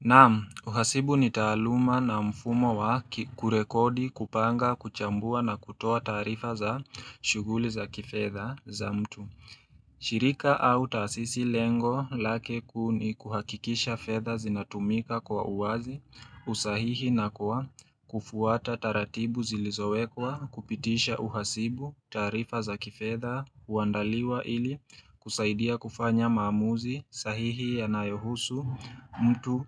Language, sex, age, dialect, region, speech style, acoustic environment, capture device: Swahili, male, 18-24, Kenya - Nairobi Sheng-influenced, Kenya, relaxed, silence, mobile / Linux / Chrome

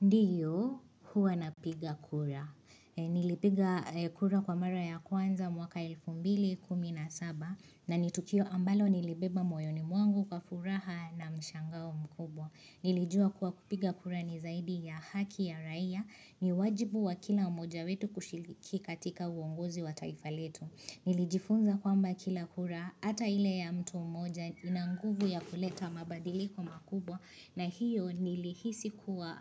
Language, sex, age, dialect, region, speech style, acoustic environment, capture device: Swahili, female, 25-34, Kenya - Nairobi Sheng-influenced, Kenya, happy, silence, mobile / Linux / Chrome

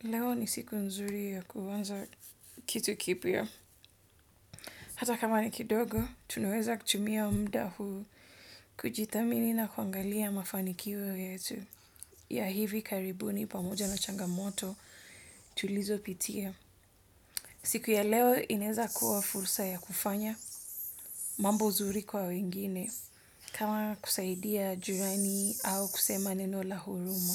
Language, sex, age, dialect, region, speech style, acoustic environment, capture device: Swahili, female, 25-34, Kenya - Mombasa, Kenya, anxious; stressed, people talking; nature, desktop / Windows / Edge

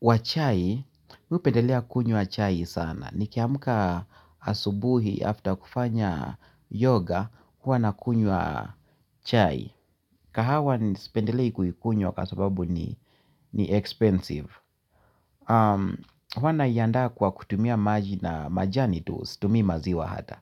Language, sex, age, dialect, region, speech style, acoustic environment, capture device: Swahili, male, 45-54, Kenya - Nairobi Sheng-influenced, Kenya, relaxed, music, mobile / Linux / Chrome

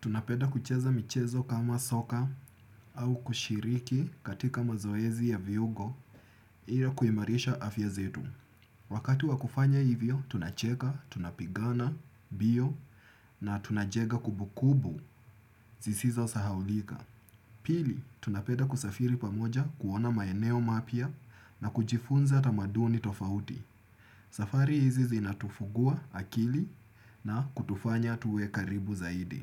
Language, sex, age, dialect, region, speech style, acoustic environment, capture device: Swahili, male, 25-34, Kenya - Nairobi Sheng-influenced, Kenya, happy; relaxed; focused; excited, silence; appliances, desktop / Windows / Chrome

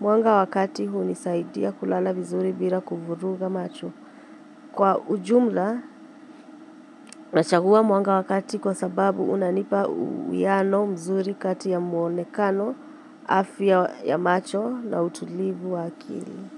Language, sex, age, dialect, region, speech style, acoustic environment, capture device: Swahili, female, 25-34, Kenya - Nairobi Sheng-influenced, Kenya, happy; excited, silence, mobile / Linux / Chrome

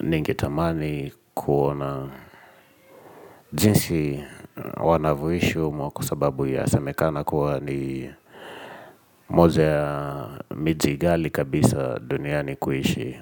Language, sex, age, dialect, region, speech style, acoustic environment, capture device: Swahili, male, 25-34, Kenya - Nairobi Sheng-influenced, Kenya, relaxed, people talking, mobile / Linux / Edge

